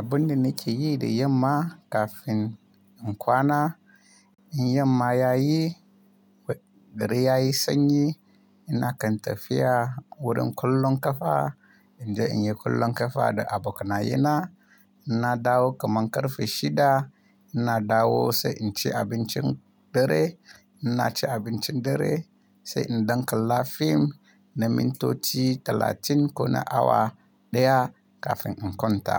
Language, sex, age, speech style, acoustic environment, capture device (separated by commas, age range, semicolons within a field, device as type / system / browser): Hausa, male, 25-34, relaxed; happy, nature; silence, mobile / Linux / Chrome